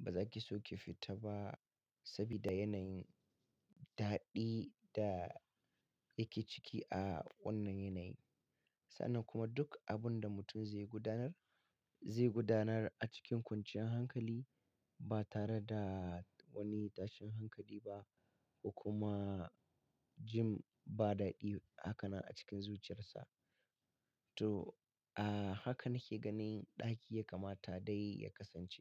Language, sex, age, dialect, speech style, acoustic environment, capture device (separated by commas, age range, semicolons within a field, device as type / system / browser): Hausa, male, 35-44, Nigeria - Katsina, happy; relaxed; excited; focused, nature; silence, mobile / Linux / Chrome